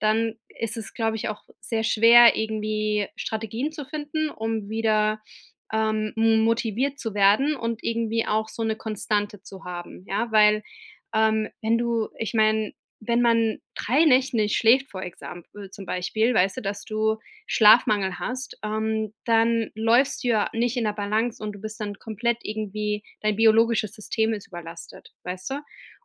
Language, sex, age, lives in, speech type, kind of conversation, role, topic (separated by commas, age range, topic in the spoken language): German, female, 35-39, United States, conversation, advice, advisor, Wie bleibe ich motiviert, wenn meine Motivation plötzlich verschwindet?